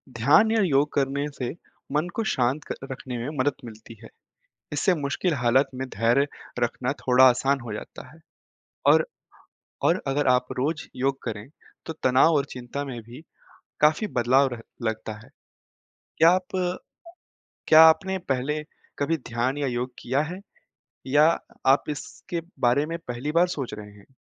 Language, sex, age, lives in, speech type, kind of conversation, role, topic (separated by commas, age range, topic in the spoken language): Hindi, male, 20-24, India, read, expert-assistant, expert, कठिन समय में धैर्य कैसे रखा जाए?